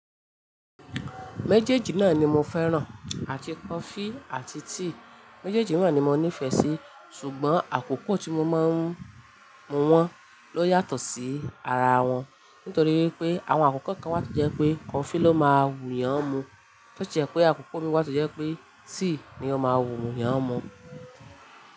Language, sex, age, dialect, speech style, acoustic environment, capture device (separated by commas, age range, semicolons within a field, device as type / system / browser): Yoruba, female, 25-34, Nigeria - Ibadan, excited, nature, mobile / Linux / Chrome